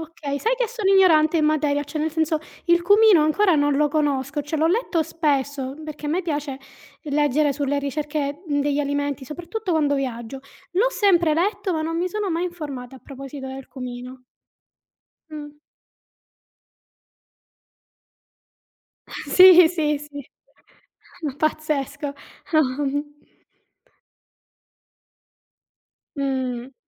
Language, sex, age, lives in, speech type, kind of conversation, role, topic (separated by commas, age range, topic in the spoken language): Italian, female, 35-39, Italy, conversation, unstructured, none, Qual è il peggior abbinamento di cibi che tu abbia mai provato?